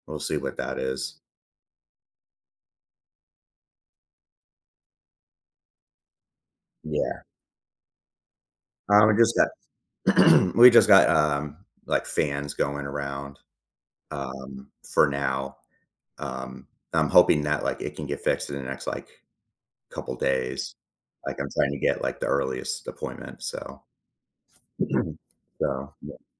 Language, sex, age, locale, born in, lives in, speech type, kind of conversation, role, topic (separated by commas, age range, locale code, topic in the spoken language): English, male, 40-44, en-US, United States, United States, conversation, advice, user, How can I manage daily stress from responsibilities?